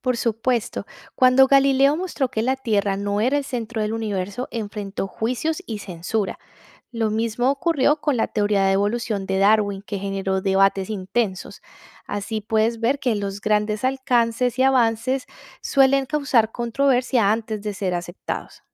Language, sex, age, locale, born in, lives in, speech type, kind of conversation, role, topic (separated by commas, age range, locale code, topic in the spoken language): Spanish, female, 35-39, es-CO, Colombia, Italy, read, expert-assistant, expert, Si pudieras presenciar el final de una estrella, ¿preferirías ver una supernova o la transformación en enana blanca? ¿Por qué?